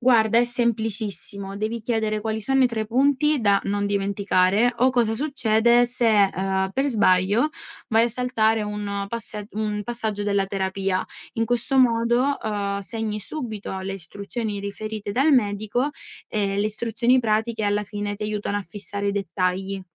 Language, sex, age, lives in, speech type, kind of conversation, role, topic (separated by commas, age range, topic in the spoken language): Italian, female, 20-24, Italy, read, expert-assistant, expert, Come si sceglie e si valuta la terapia migliore per il diabete?